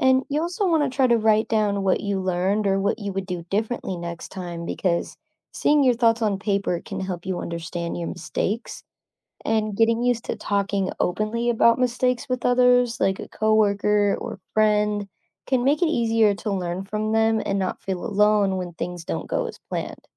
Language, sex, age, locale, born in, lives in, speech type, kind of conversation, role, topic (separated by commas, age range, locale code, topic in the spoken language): English, female, 25-29, en-US, United States, United States, read, expert-assistant, expert, How can mistakes become valuable learning opportunities?